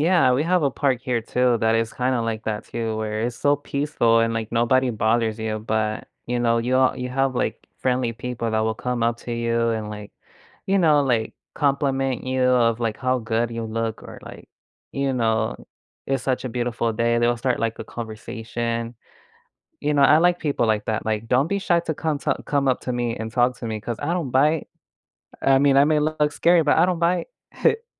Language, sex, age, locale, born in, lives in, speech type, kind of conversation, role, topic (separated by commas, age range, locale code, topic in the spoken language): English, male, 25-29, en-US, United States, United States, conversation, unstructured, none, How have connections in your local community affected your mental health, and what can you do this week to strengthen them?